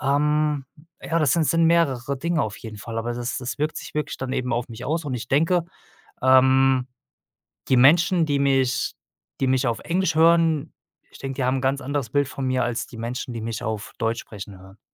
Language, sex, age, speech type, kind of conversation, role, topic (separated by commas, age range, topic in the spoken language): German, male, 35-39, conversation, podcast, guest, Wie beeinflusst deine Sprache deine Identität?